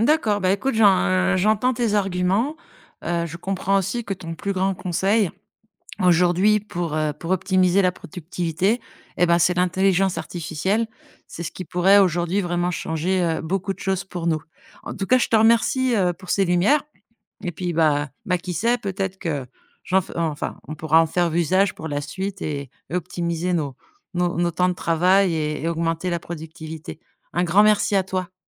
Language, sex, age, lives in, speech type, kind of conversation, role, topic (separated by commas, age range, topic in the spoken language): French, female, 50-54, France, conversation, podcast, host, Quel conseil simple peux-tu appliquer dès demain pour améliorer ta productivité ?